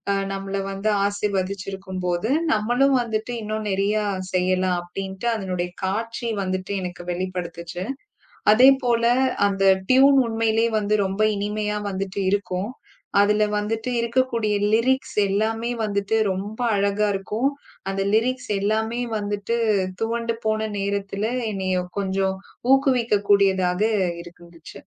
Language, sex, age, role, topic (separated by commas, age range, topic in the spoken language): Tamil, female, 35-39, guest, முயற்சி செய்ய உங்களை ஊக்கப்படுத்தும் ஒரு பாடலைச் சொல்லுவீர்களா?